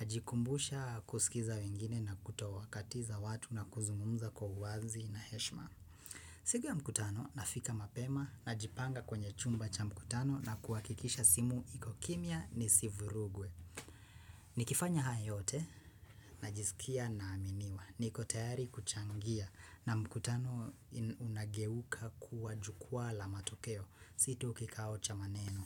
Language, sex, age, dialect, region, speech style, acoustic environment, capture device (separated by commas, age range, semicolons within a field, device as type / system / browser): Swahili, female, 25-34, Kenya - Nairobi Sheng-influenced, Kenya, nervous, silence, desktop / Windows / Chrome